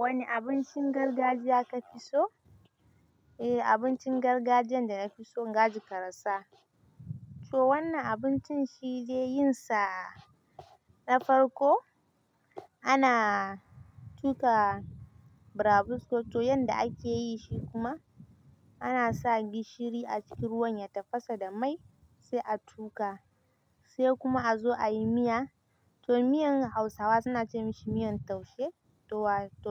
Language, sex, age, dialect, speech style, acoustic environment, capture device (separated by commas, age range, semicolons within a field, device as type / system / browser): Hausa, female, 18-24, Nigeria - Kano, nervous, nature, mobile / Linux / Chrome